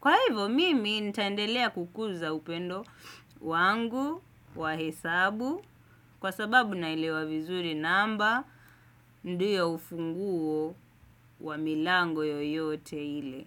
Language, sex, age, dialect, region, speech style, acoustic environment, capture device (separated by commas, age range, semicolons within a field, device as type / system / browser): Swahili, male, 25-34, Kenya - Mombasa, Kenya, happy; focused, silence; nature, desktop / Windows / Chrome